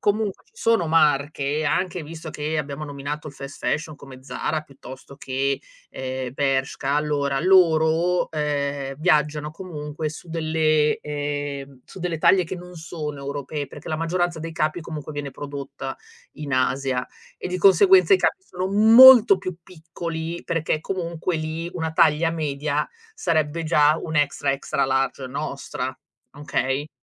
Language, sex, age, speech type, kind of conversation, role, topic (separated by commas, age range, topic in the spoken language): Italian, female, 35-39, conversation, advice, advisor, In che modo ti confronti costantemente con gli altri, soprattutto sui social media?